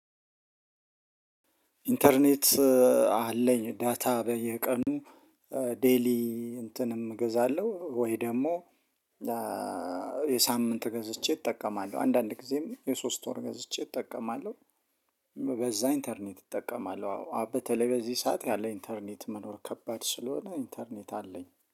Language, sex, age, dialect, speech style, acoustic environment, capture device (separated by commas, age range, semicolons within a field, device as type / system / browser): Amharic, male, 35-44, Ethiopia - Addis Ababa, excited, silence, mobile / Linux / Chrome